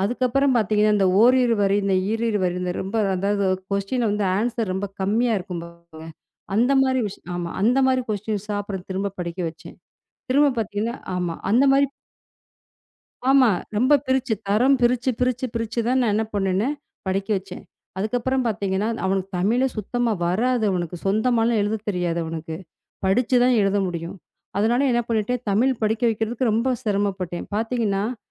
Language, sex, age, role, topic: Tamil, female, 35-39, guest, மாணவரின் நம்பிக்கையை வளர்க்க எளிய வழிமுறைகள் என்ன?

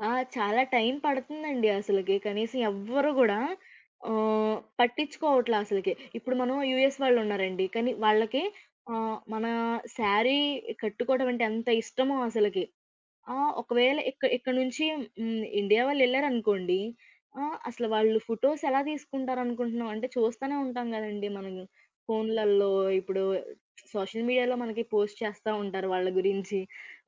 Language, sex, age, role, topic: Telugu, female, 20-24, guest, మీకు అత్యంత ఇష్టమైన ప్రాంతీయ దుస్తు ఏది, దాని గురించి కొద్దిగా చెప్పగలరా?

